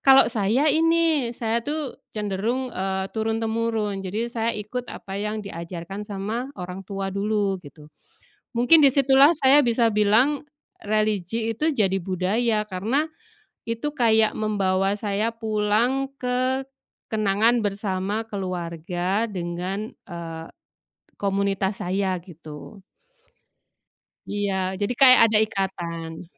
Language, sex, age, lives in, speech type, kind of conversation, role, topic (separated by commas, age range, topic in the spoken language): Indonesian, female, 45-49, Indonesia, conversation, unstructured, none, Bagaimana agama dan budaya saling memengaruhi satu sama lain?